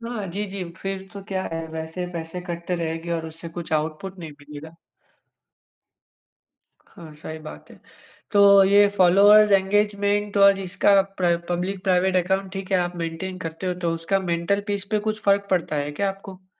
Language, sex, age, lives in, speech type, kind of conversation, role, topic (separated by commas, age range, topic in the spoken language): Hindi, male, 25-29, India, conversation, podcast, host, Public account rakhein ya private, aap kya choose karte ho?